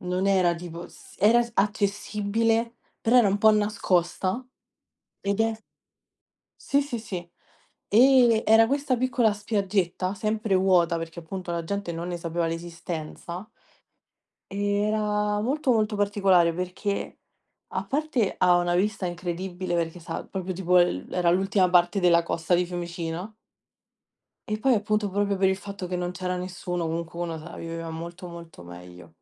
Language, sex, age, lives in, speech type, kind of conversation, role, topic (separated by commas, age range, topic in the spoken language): Italian, female, 20-24, Italy, conversation, unstructured, none, Qual è il tuo luogo nella natura preferito e perché?